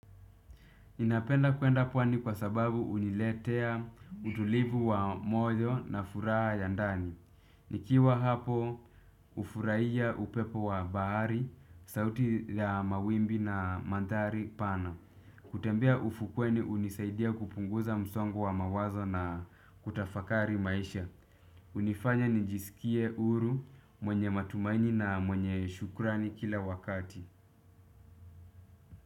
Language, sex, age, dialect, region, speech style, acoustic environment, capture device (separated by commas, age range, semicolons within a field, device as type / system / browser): Swahili, male, 25-34, Kenya - Nairobi Sheng-influenced, Kenya, relaxed; focused, people talking; music; appliances, desktop / Windows / Chrome